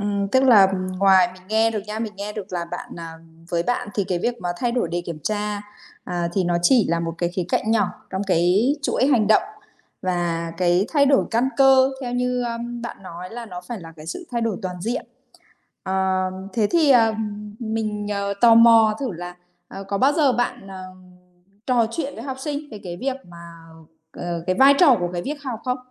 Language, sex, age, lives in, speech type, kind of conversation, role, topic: Vietnamese, female, 30-34, Vietnam, conversation, podcast, host, Bạn có bí quyết nào để duy trì động lực học tập lâu dài không?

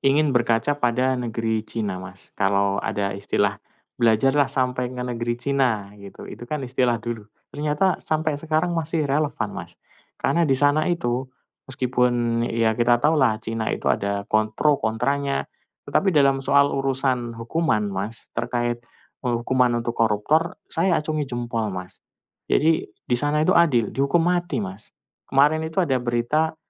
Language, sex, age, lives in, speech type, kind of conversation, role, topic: Indonesian, male, 40-44, Indonesia, conversation, unstructured, none, Apa pendapat kamu tentang korupsi yang masih terjadi di beberapa lembaga?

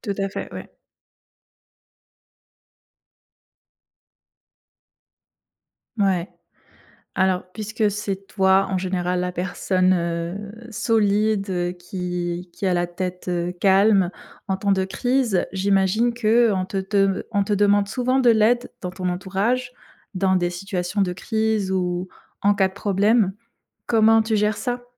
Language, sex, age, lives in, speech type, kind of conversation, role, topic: French, female, 25-29, Germany, conversation, podcast, host, Quelle méthode simple peux-tu utiliser tout de suite pour calmer ton esprit en urgence ?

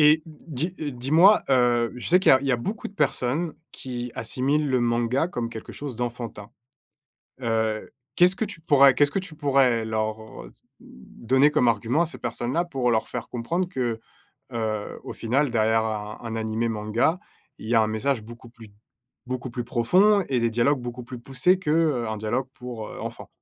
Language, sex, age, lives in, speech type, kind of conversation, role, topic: French, male, 30-34, France, conversation, podcast, host, Quelle est ta série préférée, et pourquoi te parle-t-elle ?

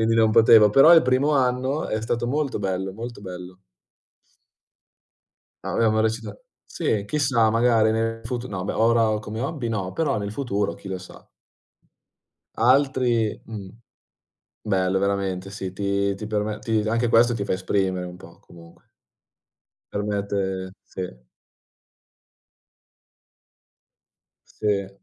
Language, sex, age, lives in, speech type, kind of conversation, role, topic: Italian, male, 20-24, Italy, conversation, unstructured, none, In che modo coltivare un hobby migliora la tua vita quotidiana?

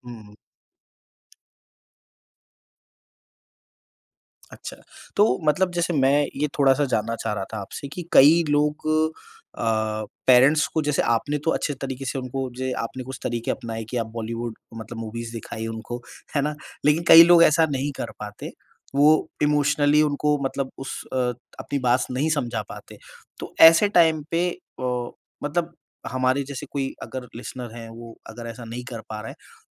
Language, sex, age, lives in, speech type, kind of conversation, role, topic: Hindi, male, 30-34, India, conversation, podcast, host, Parents ki expectations se cope karne ke liye aap kya tips doge?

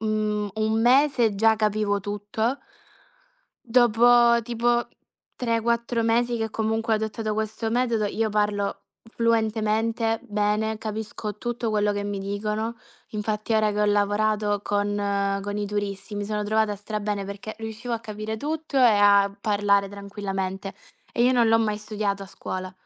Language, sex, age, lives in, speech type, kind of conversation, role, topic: Italian, female, 20-24, Italy, conversation, unstructured, none, Come immagini la tua vita tra dieci anni?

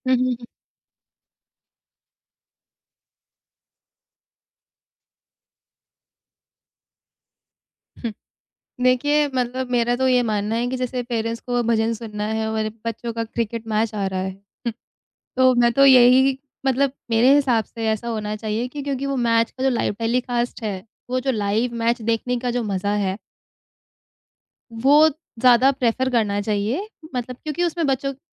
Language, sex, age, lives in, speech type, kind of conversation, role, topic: Hindi, female, 25-29, India, conversation, podcast, guest, Youth aur parents ke entertainment taste mein tumhe sabse bade farq kya nazar aate hain?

